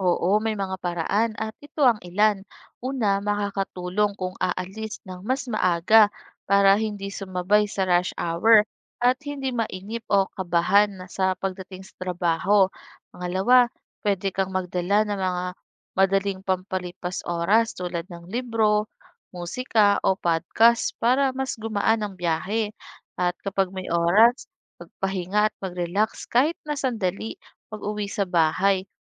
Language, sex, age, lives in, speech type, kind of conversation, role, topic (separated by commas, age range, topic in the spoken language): Filipino, female, 25-29, Philippines, read, expert-assistant, expert, Ano ang epekto ng kakulangan ng pampublikong transportasyon sa mga manggagawa?